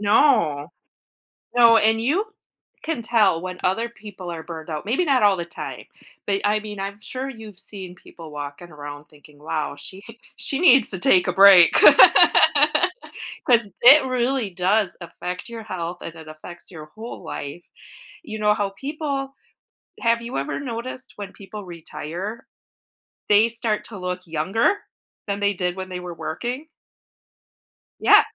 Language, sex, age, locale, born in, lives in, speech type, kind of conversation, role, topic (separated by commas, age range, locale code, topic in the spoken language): English, female, 45-49, en-US, United States, United States, conversation, advice, advisor, How can I slow down to avoid burnout?